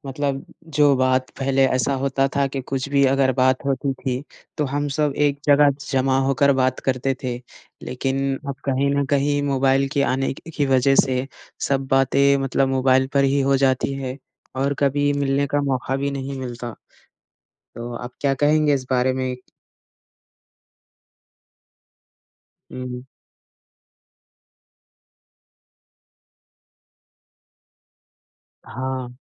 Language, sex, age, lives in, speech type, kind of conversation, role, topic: Hindi, male, 20-24, India, conversation, unstructured, none, क्या आपको लगता है कि मोबाइल फ़ोन हमारी बातचीत को खराब कर रहे हैं?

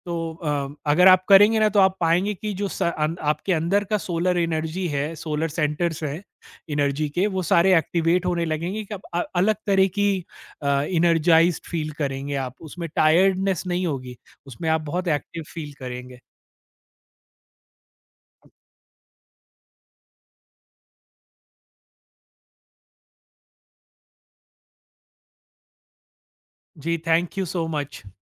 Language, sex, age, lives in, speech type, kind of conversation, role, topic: Hindi, male, 40-44, India, conversation, podcast, host, Busy schedule mein aap healthy habits kaise fit karte hain?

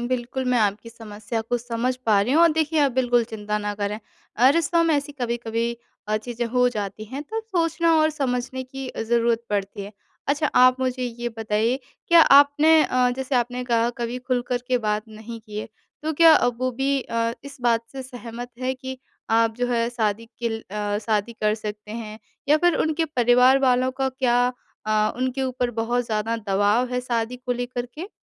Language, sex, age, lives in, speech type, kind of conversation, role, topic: Hindi, female, 25-29, India, conversation, advice, advisor, हम अपने रिश्ते के बारे में भविष्य की योजनाएँ कैसे साझा करें?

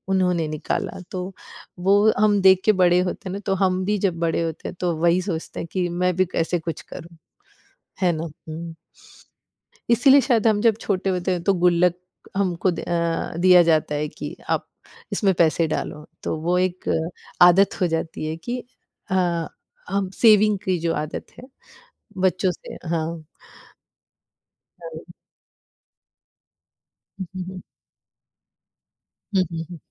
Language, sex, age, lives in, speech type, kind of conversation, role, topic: Hindi, female, 40-44, United States, conversation, unstructured, none, आप अपने वित्तीय बजट का प्रबंधन कैसे करते हैं?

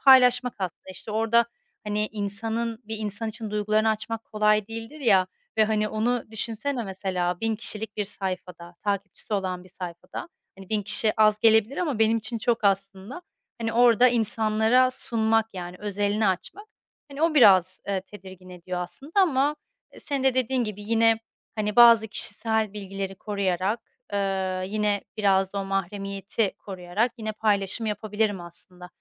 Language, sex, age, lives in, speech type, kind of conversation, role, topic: Turkish, female, 30-34, Spain, conversation, advice, user, Sosyal medyada paylaşımlarım için hangi sınırları nasıl belirlemeliyim?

